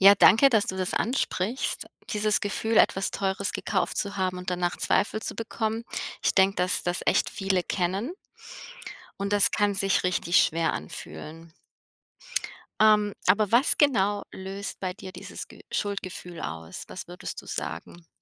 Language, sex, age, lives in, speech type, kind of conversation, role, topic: German, female, 35-39, United States, conversation, advice, advisor, Wie gehe ich mit Schuldgefühlen nach einem teuren Kauf um?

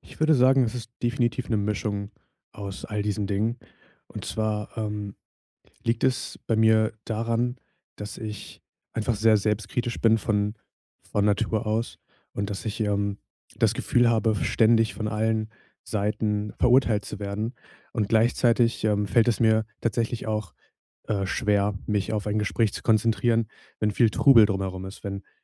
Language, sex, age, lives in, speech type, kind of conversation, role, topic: German, male, 20-24, Germany, conversation, advice, user, Warum fühle ich mich auf Partys und anderen sozialen Veranstaltungen oft unwohl und überfordert?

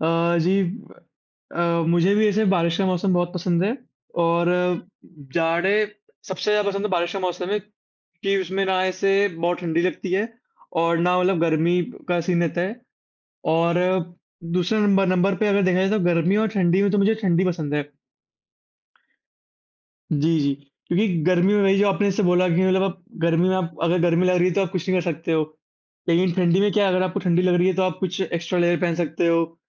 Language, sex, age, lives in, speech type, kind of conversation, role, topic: Hindi, male, 18-19, India, conversation, unstructured, none, आप अपना पसंदीदा मौसम कैसे चुनते हैं?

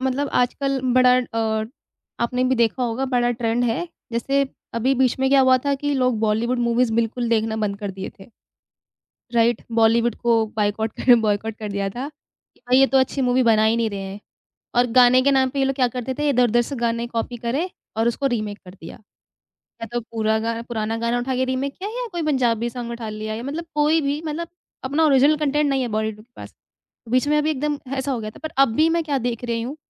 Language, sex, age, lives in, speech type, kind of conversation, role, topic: Hindi, female, 25-29, India, conversation, podcast, guest, Youth aur parents ke entertainment taste mein tumhe sabse bade farq kya nazar aate hain?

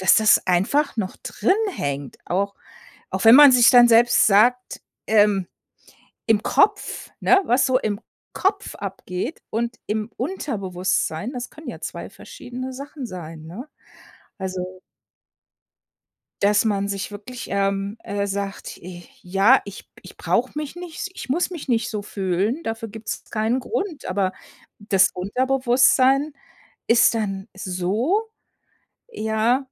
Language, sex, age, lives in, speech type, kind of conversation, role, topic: German, female, 55-59, United States, conversation, advice, advisor, Wie kann ich mein negatives Selbstgespräch im Alltag stoppen?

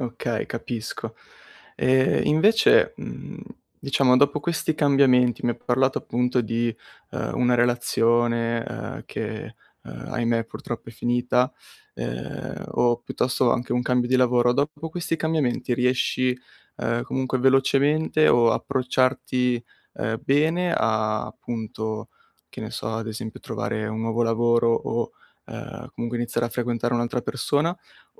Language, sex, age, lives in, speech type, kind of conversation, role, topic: Italian, male, 20-24, Italy, conversation, advice, advisor, Come posso affrontare il disorientamento dopo molti cambiamenti e la sensazione di aver perso la normalità?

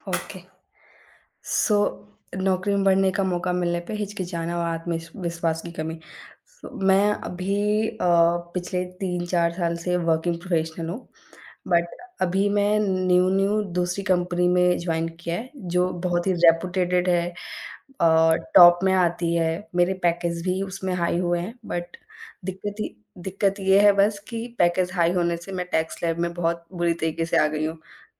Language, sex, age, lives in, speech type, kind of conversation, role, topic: Hindi, female, 25-29, India, conversation, advice, user, नौकरी में पदोन्नति का मौका मिलने पर मैं हिचकिचा क्यों रहा/रही हूँ और मेरा आत्मविश्वास क्यों कम हो जाता है?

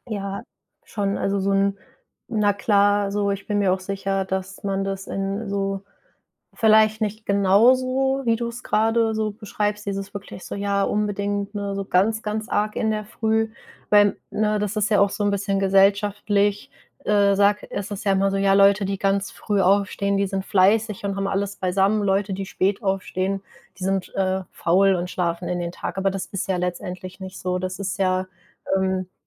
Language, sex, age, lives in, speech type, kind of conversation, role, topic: German, female, 25-29, Germany, conversation, advice, advisor, Wie schaffe ich es, morgens regelmäßig pünktlich aufzustehen, ohne dass das Schlummern zur Gewohnheit wird?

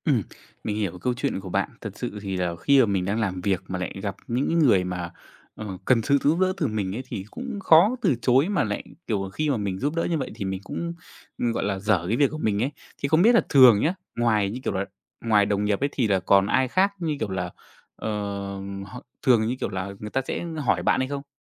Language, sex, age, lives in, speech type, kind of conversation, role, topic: Vietnamese, male, 25-29, Vietnam, conversation, advice, advisor, Làm thế nào để tôi học cách từ chối người khác nhằm bảo vệ thời gian tập trung của mình?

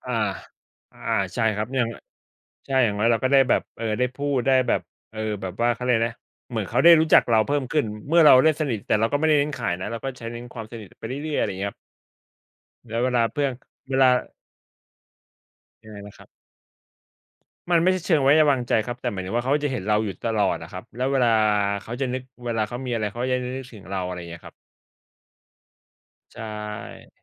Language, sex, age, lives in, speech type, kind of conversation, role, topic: Thai, male, 35-39, Thailand, conversation, unstructured, none, ถ้ามีคนบอกว่างานอดิเรกเป็นเรื่องเสียเวลา คุณจะตอบอย่างไร?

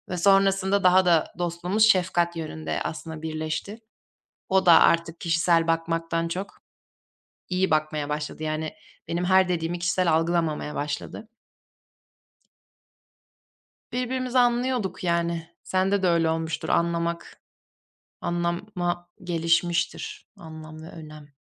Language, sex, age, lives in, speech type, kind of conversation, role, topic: Turkish, female, 20-24, Germany, conversation, unstructured, none, En yakın arkadaşınla nasıl tanıştınız?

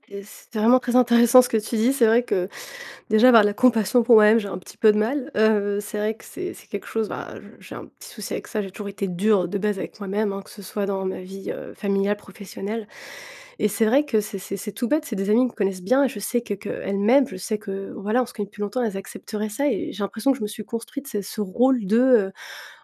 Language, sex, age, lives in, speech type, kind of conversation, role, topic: French, female, 30-34, France, conversation, advice, user, Comment puis-je avoir plus confiance en moi pour être moi-même ?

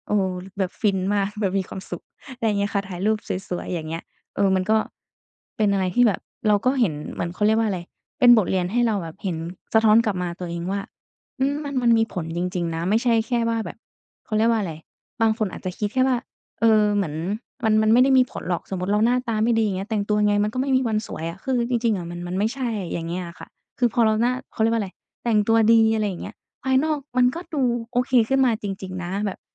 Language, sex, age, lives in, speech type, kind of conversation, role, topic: Thai, female, 20-24, Thailand, conversation, podcast, guest, เสื้อผ้าเคยช่วยเพิ่มความมั่นใจให้คุณไหม?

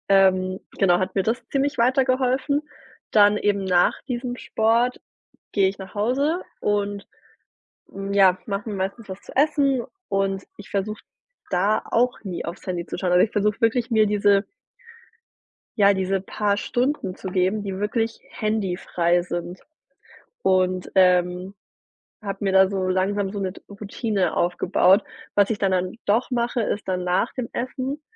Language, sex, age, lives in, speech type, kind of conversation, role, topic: German, female, 30-34, Germany, conversation, podcast, guest, Was hilft dir dabei, dich von Bildschirm und sozialen Medien zu lösen?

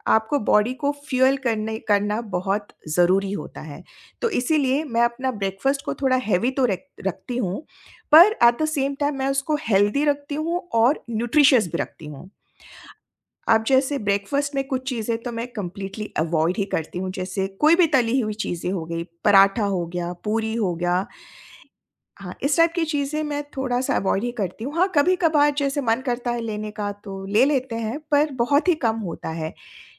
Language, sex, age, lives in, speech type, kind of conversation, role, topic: Hindi, female, 45-49, India, conversation, podcast, guest, Aapka subah ka khaane ka niyam kaisa hota hai?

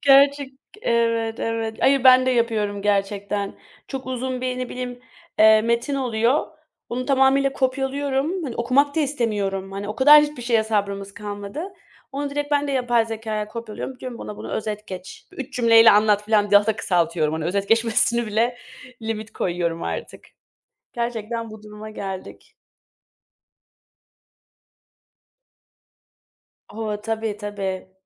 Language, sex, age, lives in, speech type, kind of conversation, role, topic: Turkish, female, 25-29, Germany, conversation, unstructured, none, Teknoloji olmadan bir gününü nasıl geçirirdin?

